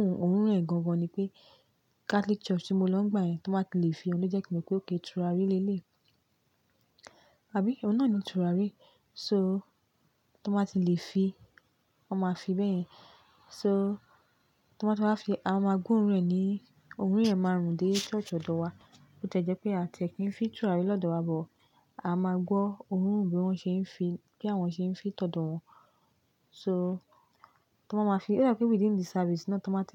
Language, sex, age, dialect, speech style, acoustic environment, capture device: Yoruba, female, 25-34, Nigeria - Lagos Yoruba, relaxed, appliances, mobile / Linux / Chrome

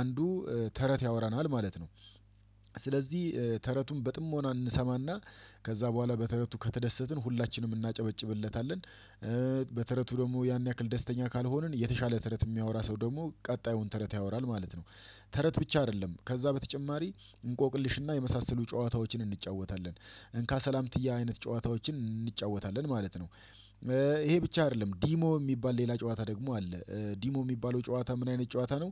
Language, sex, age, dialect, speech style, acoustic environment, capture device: Amharic, male, 35-44, Ethiopia - Addis Ababa, excited; relaxed, silence; nature, desktop / Windows / Chrome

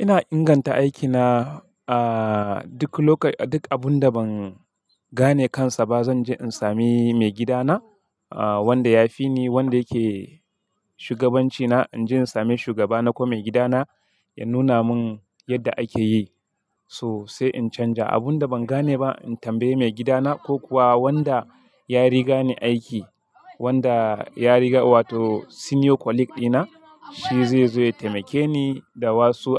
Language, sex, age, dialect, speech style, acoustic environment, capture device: Hausa, male, 25-34, Nigeria - Kano, happy, silence, mobile / Linux / Chrome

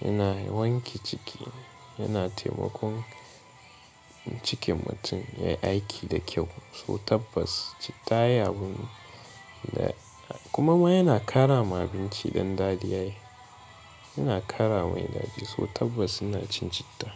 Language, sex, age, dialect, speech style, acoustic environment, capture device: Hausa, male, 18-24, Nigeria - Katsina, tired; relaxed, music; people talking, mobile / Linux / Chrome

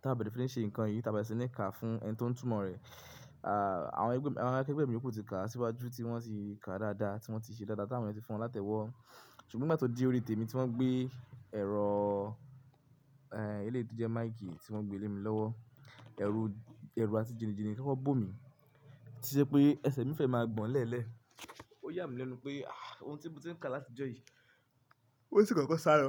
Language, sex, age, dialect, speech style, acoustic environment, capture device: Yoruba, male, 25-34, Nigeria - Ibadan, focused, people talking; music; silence, mobile / Linux / Chrome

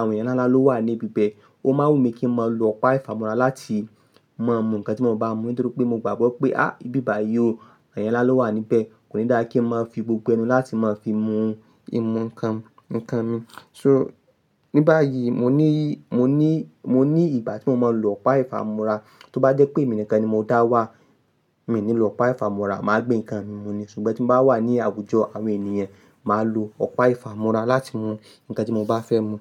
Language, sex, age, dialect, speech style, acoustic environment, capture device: Yoruba, male, 25-34, Nigeria - Ibadan, happy, nature, mobile / Linux / Chrome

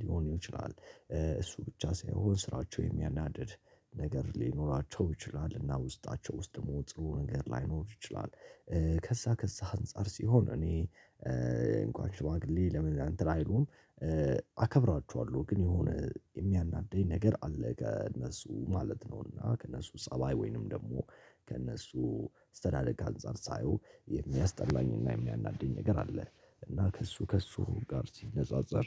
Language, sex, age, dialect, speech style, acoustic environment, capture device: Amharic, male, 18-24, Ethiopia - Addis Ababa, happy; relaxed, people talking; silence; nature, mobile / Linux / Chrome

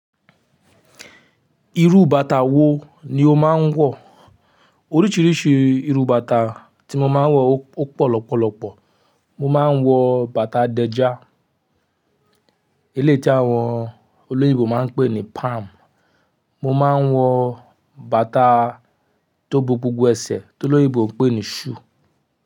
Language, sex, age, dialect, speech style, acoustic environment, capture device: Yoruba, male, 25-34, Nigeria - Nigerian Standard, happy; relaxed; focused; excited, nature; silence, mobile / Linux / Chrome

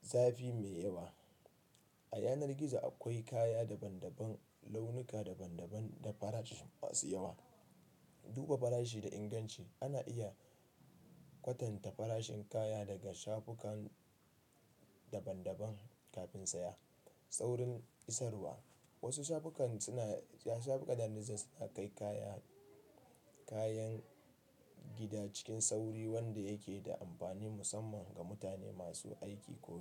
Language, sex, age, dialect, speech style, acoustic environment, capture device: Hausa, male, 25-34, Nigeria - Kano, relaxed, nature, mobile / Linux / Chrome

